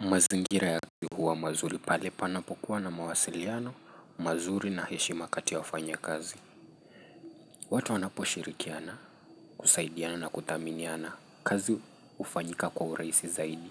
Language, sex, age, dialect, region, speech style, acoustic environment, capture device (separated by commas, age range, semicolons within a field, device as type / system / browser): Swahili, male, 25-34, Kenya - Nairobi Sheng-influenced, Kenya, relaxed, nature, mobile / Linux / Chrome